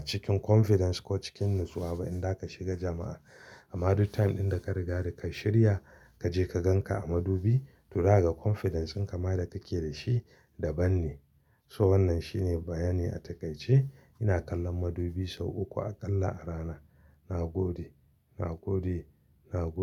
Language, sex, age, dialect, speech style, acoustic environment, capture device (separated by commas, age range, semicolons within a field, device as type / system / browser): Hausa, male, 35-44, Nigeria - Kano, excited; happy; relaxed, silence, mobile / Linux / Chrome